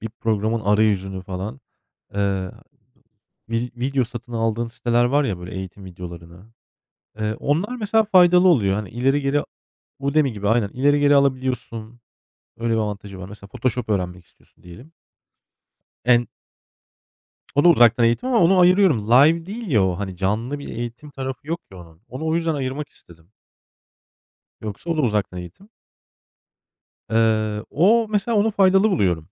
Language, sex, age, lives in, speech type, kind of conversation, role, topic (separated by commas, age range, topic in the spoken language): Turkish, male, 35-39, Germany, conversation, unstructured, none, Uzaktan eğitimin geleceği hakkında ne düşünüyorsunuz?